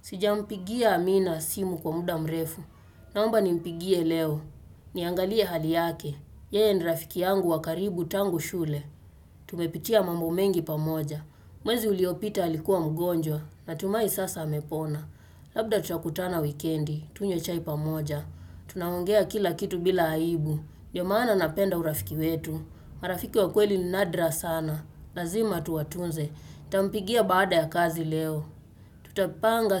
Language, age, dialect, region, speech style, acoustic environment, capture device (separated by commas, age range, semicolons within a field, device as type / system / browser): Swahili, 25-34, Kenya - Nairobi Sheng-influenced, Kenya, focused; relaxed, nature; appliances, desktop / Windows / Chrome